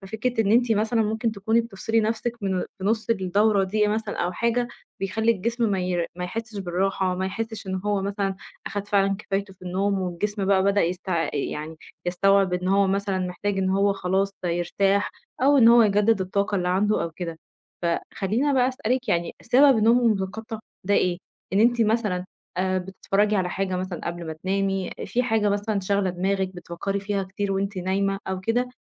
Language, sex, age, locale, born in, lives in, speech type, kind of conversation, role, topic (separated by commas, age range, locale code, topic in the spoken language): Arabic, female, 30-34, ar-EG, Egypt, Egypt, conversation, advice, advisor, ليه بحس بتعب طول اليوم رغم إني بنام كويس؟